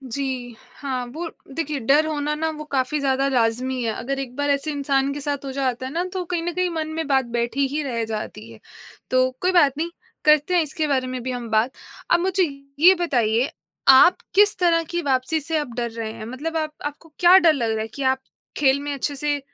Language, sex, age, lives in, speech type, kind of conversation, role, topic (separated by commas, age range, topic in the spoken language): Hindi, female, 25-29, India, conversation, advice, advisor, चोट के बाद अपनी गतिविधियों में वापस लौटने से आपको किस बात का डर लग रहा है?